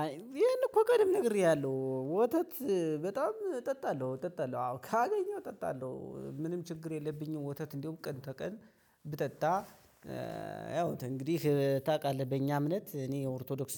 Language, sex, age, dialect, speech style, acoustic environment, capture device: Amharic, male, 25-34, Ethiopia - Gojjam, happy; excited, people talking; nature, mobile / Linux / Chrome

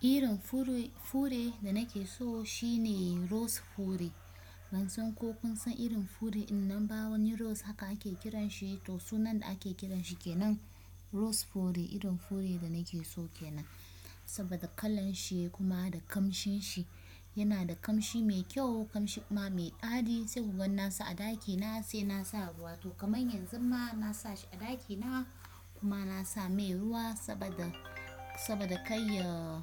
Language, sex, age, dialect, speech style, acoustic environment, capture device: Hausa, female, 25-34, Nigeria - Kano, focused, silence, desktop / Windows / Chrome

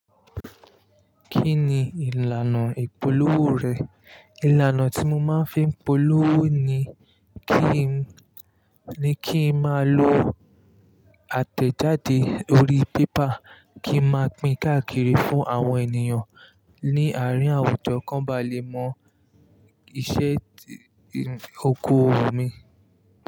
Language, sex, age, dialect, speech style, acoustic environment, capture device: Yoruba, male, 25-34, Nigeria - Lagos Yoruba, relaxed, nature; people talking, mobile / Linux / Chrome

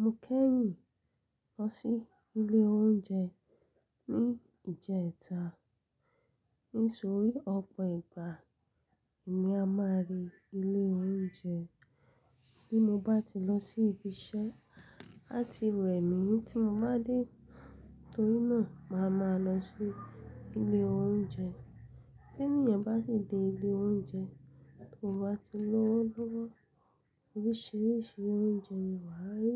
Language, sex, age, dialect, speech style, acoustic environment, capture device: Yoruba, female, 25-34, Nigeria - Lagos Yoruba, happy; excited, silence, mobile / Linux / Chrome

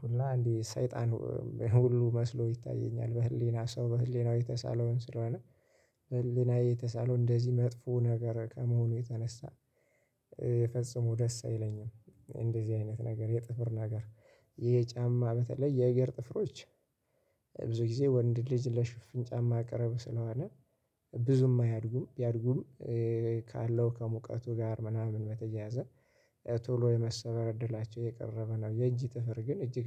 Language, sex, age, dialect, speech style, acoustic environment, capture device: Amharic, male, 25-34, Ethiopia - Gojjam, excited, nature, mobile / Linux / Chrome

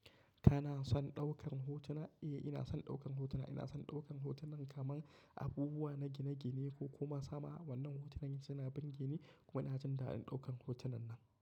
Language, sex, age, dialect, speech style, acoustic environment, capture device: Hausa, male, 25-34, Nigeria - Kano, happy, silence; nature; appliances, mobile / macOS / Safari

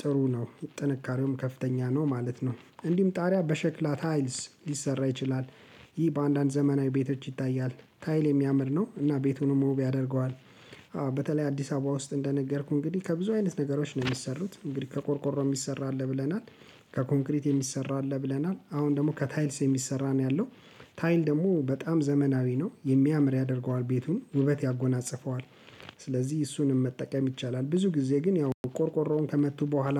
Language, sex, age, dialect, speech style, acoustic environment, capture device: Amharic, male, 35-44, Ethiopia - Addis Ababa, relaxed; focused, silence, mobile / Linux / Chrome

